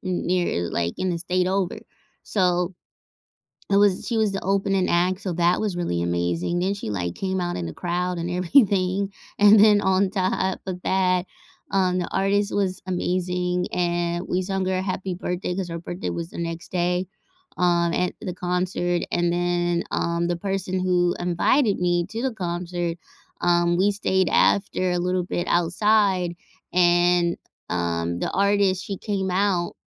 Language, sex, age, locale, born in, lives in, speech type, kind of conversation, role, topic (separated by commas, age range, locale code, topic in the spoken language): English, female, 30-34, en-US, United States, United States, conversation, unstructured, none, What’s the best live show or concert you’ve attended recently, and what made it unforgettable?